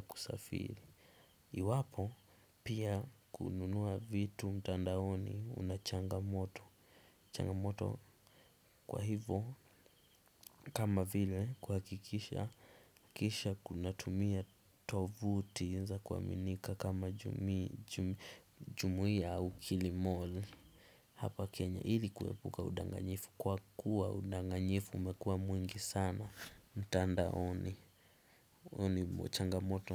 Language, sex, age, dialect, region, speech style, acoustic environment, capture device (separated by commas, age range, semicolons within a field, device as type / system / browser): Swahili, male, 18-24, Kenya - Mombasa, Kenya, relaxed, silence, desktop / Windows / Chrome